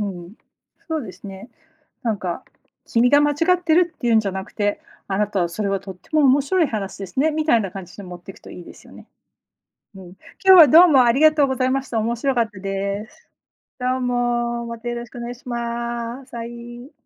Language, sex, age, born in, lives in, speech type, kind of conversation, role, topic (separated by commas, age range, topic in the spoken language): Japanese, female, 40-44, United States, United States, conversation, unstructured, none, 誰かを説得するときに大切にしていることは何ですか？